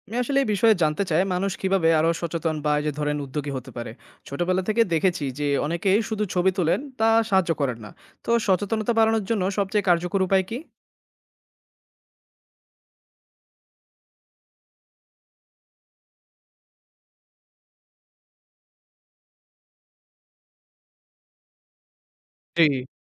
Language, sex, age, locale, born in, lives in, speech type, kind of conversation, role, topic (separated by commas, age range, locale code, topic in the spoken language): Bengali, male, 25-29, bn-BD, Bangladesh, Bangladesh, read, expert-assistant, asker, সমুদ্রের প্রাণীরা কি আমাদের নৈতিক দায়িত্বের কথা মনে করিয়ে দেয়? আমরা তাদের রক্ষা করতে বাধ্য কি না?